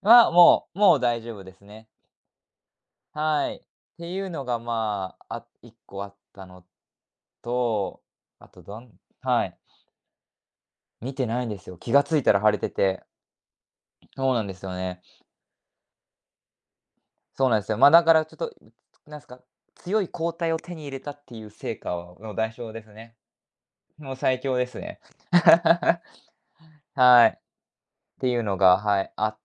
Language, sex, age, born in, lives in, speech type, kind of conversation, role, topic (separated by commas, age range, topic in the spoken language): Japanese, male, 20-24, Japan, Japan, conversation, podcast, guest, 旅行中に起きた面白いハプニングを教えてくれますか？